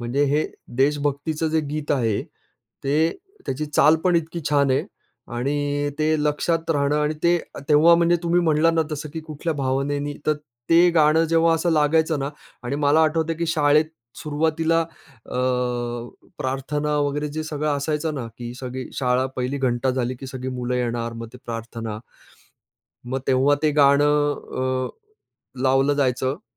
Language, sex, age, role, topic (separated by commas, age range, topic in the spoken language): Marathi, male, 45-49, guest, लहानपणी तुला कोणती गाणी सर्वाधिक आवडायची?